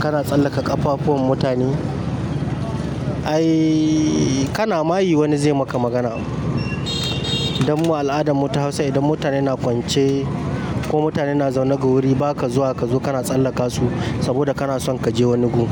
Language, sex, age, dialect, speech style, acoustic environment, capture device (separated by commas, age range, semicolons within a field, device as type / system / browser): Hausa, male, 25-34, Nigeria - Kano, excited; happy, nature, mobile / Linux / Chrome